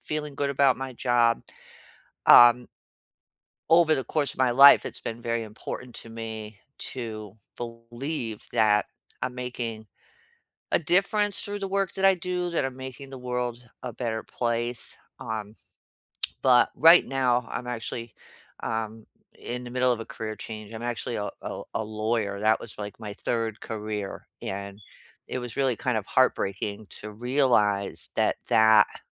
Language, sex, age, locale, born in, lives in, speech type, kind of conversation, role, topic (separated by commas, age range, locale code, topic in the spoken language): English, female, 55-59, en-US, United States, United States, conversation, unstructured, none, What makes a job feel truly rewarding to you?